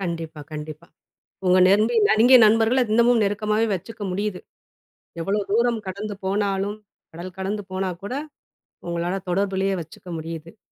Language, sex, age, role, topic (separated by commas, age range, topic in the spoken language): Tamil, female, 40-44, host, சமூக ஊடகங்கள் நமது நட்புகளை வலுப்படுத்துகிறதா அல்லது தொலைக்கிறதா?